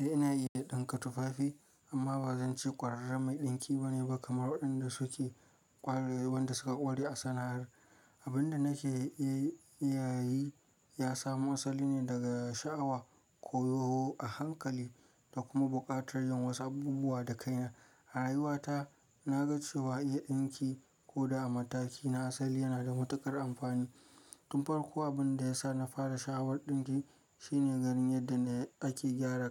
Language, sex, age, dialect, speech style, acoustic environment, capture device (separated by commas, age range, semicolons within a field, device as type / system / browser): Hausa, male, 25-34, Nigeria - Kano, relaxed; focused, silence, mobile / Linux / Chrome